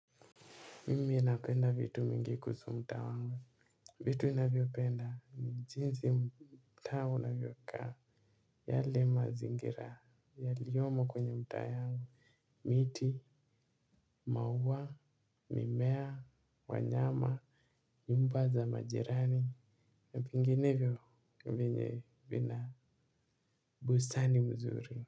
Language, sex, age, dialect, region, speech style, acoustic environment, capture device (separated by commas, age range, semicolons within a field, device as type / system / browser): Swahili, male, 18-24, Kenya - Mombasa, Kenya, happy; focused, nature; silence; appliances, mobile / Linux / Chrome